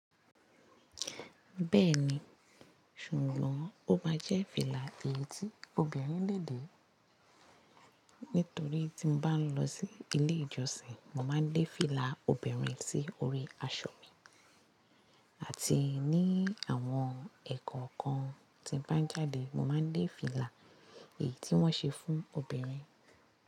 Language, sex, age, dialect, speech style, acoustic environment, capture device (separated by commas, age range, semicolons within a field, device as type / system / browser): Yoruba, female, 25-34, Nigeria - Lagos Yoruba, anxious, nature, mobile / Linux / Chrome